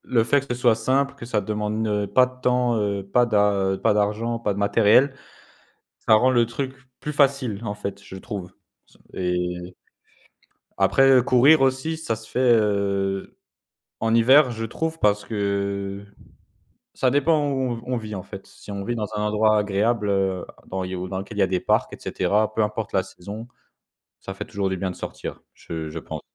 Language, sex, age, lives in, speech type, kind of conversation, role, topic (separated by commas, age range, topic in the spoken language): French, male, 20-24, France, conversation, podcast, guest, Comment trouves-tu la motivation pour faire du sport ?